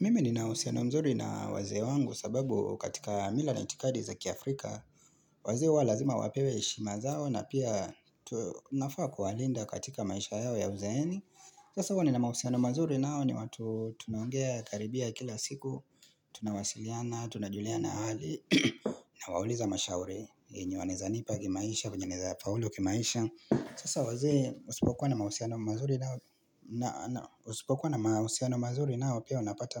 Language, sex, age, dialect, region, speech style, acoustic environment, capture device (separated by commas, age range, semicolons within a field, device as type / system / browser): Swahili, male, 25-34, Kenya - Mombasa, Kenya, focused, nature, mobile / Linux / Chrome